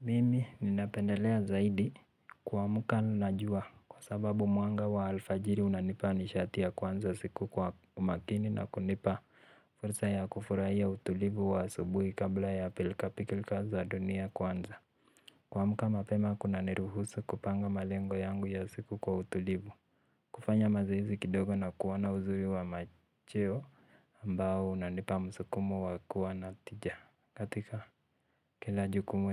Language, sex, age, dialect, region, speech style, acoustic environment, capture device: Swahili, male, 18-24, Kenya - Nairobi Sheng-influenced, Kenya, relaxed; focused, silence; nature, mobile / Linux / Chrome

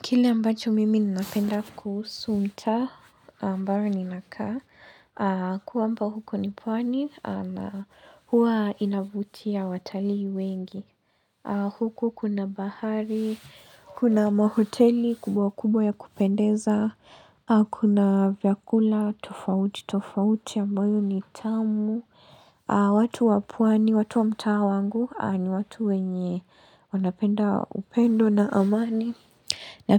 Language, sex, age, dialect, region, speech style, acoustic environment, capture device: Swahili, female, 18-24, Kenya - Mombasa, Kenya, relaxed, silence; nature, mobile / Linux / Chrome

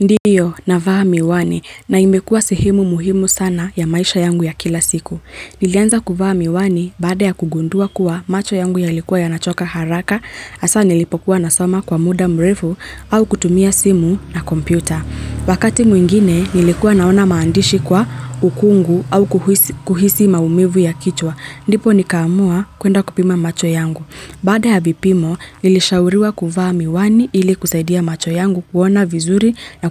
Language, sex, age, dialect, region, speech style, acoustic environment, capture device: Swahili, female, 25-34, Kenya - Nairobi Sheng-influenced, Kenya, happy, nature, mobile / Linux / Chrome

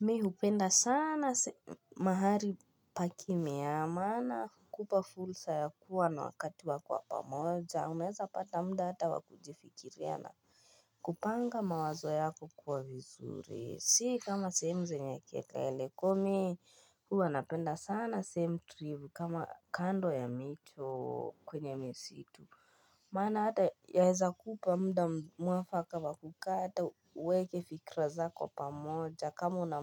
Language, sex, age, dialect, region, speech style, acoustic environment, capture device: Swahili, female, 18-24, Kenya - Nairobi Sheng-influenced, Kenya, happy, silence, desktop / Linux / Chrome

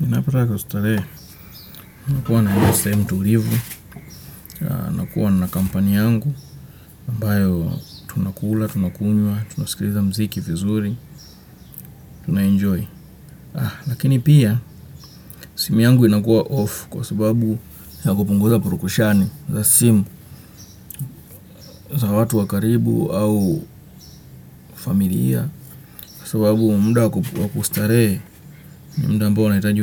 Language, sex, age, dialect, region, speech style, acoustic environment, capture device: Swahili, male, 25-34, Kenya - Nairobi Sheng-influenced, Kenya, relaxed, nature, desktop / Windows / Chrome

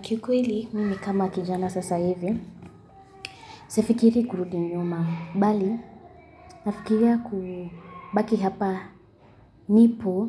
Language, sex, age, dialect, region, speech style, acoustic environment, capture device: Swahili, female, 18-24, Kenya - Kitui, Kenya, happy, silence, mobile / Linux / Chrome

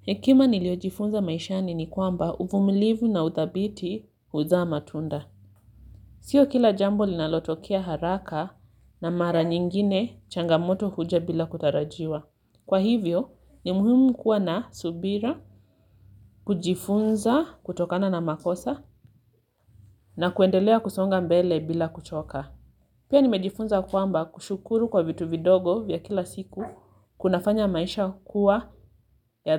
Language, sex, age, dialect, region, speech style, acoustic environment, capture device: Swahili, female, 25-34, Kenya - Mombasa, Kenya, relaxed; focused, silence, mobile / Linux / Chrome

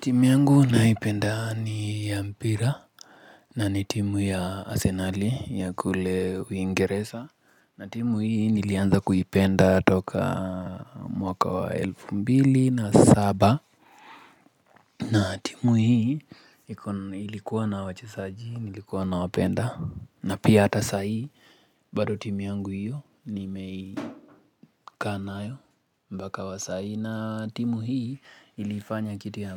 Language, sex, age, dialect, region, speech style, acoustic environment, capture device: Swahili, male, 25-34, Kenya - Nairobi Sheng-influenced, Kenya, focused; relaxed, nature, mobile / Linux / Chrome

